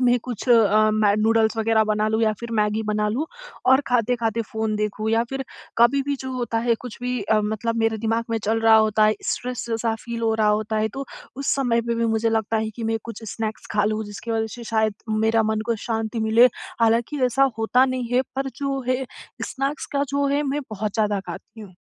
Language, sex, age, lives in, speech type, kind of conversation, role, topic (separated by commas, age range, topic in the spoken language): Hindi, female, 20-24, India, conversation, advice, user, मैं अपनी अनियंत्रित स्नैकिंग की आदत कैसे कम कर सकता/सकती हूँ?